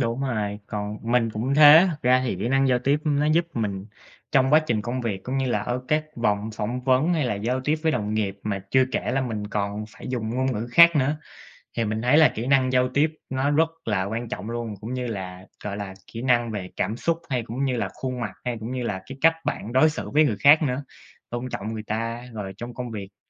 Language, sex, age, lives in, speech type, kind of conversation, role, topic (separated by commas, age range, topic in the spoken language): Vietnamese, male, 25-29, United States, conversation, unstructured, none, Bạn tiếp cận việc phát triển kỹ năng giao tiếp như thế nào?